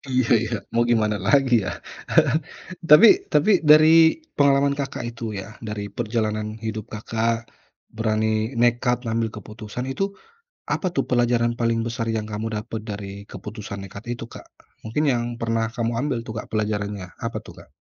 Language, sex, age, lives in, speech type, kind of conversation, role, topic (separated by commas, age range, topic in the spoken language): Indonesian, male, 35-39, Indonesia, conversation, podcast, host, Menurutmu kapan harus main aman dan kapan harus nekat?